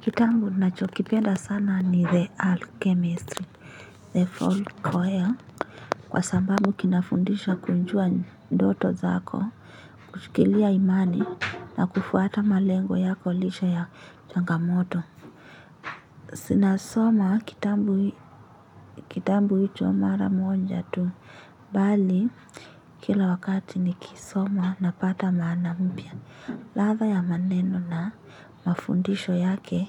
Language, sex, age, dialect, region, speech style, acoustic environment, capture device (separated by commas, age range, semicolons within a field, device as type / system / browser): Swahili, female, 18-24, Kenya - Nairobi Sheng-influenced, Kenya, anxious, nature, mobile / Linux / Chrome